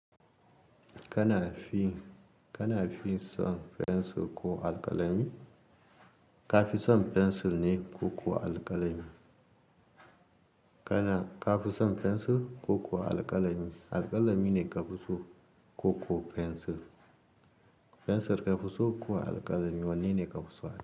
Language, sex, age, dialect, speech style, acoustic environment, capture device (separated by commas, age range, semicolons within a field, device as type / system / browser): Hausa, male, 35-44, Nigeria - Kano, happy, silence, mobile / Linux / Chrome